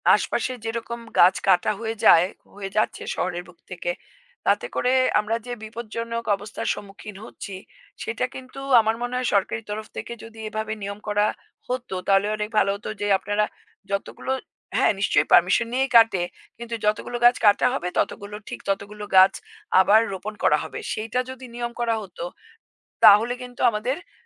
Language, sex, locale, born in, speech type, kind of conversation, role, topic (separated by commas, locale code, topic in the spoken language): Bengali, female, bn-IN, India, conversation, unstructured, none, পরিবেশ রক্ষায় ছোট ছোট কাজ কীভাবে সাহায্য করে?